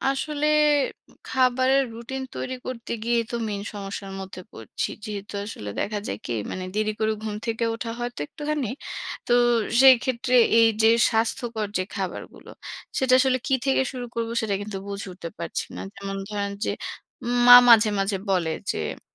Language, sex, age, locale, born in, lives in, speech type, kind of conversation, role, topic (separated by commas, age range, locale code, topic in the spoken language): Bengali, female, 30-34, bn-BD, Bangladesh, Bangladesh, conversation, advice, user, আপনার কাছে স্বাস্থ্যকর খাওয়ার রুটিন মেনে চলা কেন কঠিন মনে হচ্ছে?